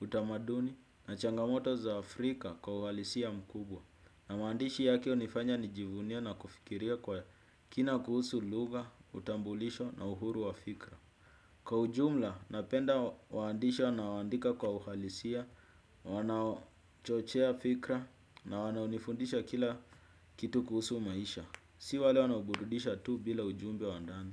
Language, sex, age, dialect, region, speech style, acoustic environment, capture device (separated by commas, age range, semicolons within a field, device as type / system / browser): Swahili, male, 18-24, Kenya - Nairobi Sheng-influenced, Kenya, happy, music, desktop / Windows / Chrome